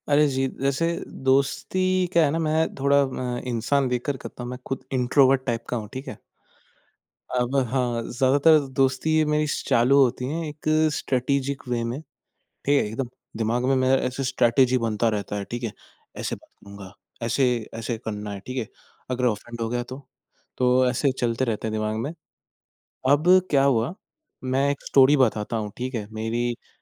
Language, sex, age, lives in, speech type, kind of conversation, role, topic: Hindi, male, 20-24, India, conversation, podcast, guest, Naye shehar mein aap dosti kaise shuru karte hain?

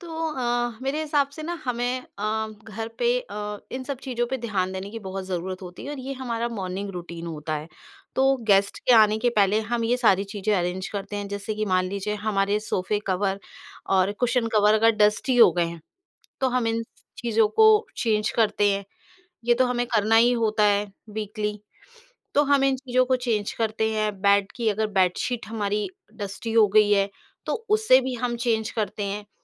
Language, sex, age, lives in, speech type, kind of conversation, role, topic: Hindi, female, 40-44, India, conversation, podcast, guest, Agar mehmaan aa rahe hon, to ghar ko fatafat kaise saaf karte ho?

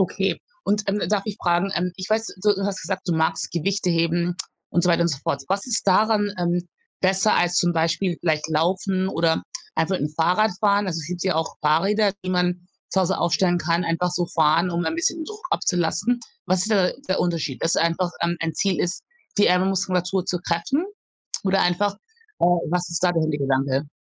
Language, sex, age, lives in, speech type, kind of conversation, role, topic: German, female, 40-44, Germany, conversation, advice, advisor, Welche Schwierigkeiten hast du dabei, neue Routinen aufzubauen?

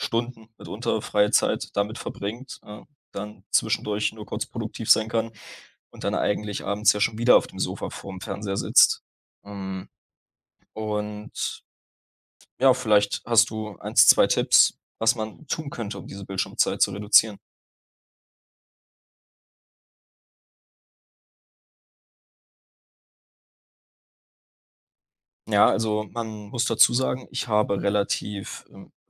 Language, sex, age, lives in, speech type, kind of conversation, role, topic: German, male, 20-24, Germany, conversation, advice, user, Wie möchtest du deine Bildschirmzeit nach Feierabend deutlich reduzieren?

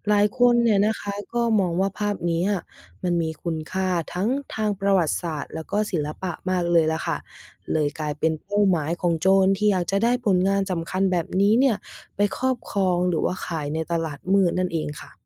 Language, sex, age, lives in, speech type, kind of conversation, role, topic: Thai, female, 20-24, Thailand, read, expert-assistant, expert, ภาพศิลปะชื่อดังเคยหายไปจากพิพิธภัณฑ์จริงหรือไม่?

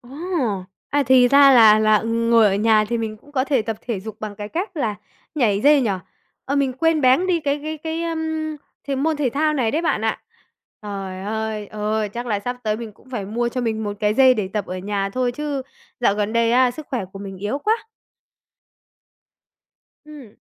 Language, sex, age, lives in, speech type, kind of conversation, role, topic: Vietnamese, female, 20-24, Vietnam, conversation, advice, user, Làm thế nào để xây dựng thói quen ăn uống, ngủ nghỉ và tập thể dục đều đặn hơn?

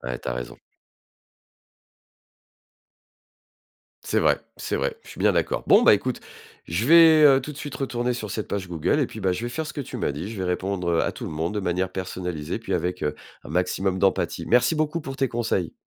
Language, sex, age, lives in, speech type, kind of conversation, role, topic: French, male, 45-49, France, read, expert-assistant, asker, Si une entreprise fait face à des critiques, est-il préférable de répondre publiquement ou de régler ça en privé ?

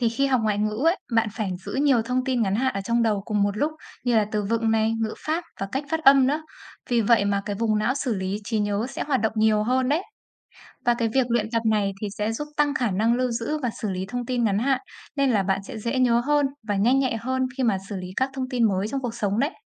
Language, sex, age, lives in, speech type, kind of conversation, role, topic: Vietnamese, female, 25-29, Vietnam, read, expert-assistant, expert, Tại sao khi học ngoại ngữ, não bộ trở nên linh hoạt hơn?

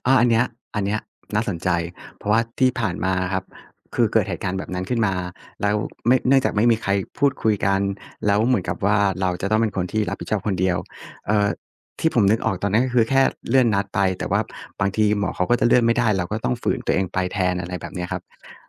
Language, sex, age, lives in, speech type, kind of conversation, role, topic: Thai, male, 35-39, Thailand, conversation, advice, user, คุณเคยรู้สึกถูกกดดันให้ตอบตกลงหรือช่วยงานเพราะไม่อยากทำให้ใครผิดหวังไหม?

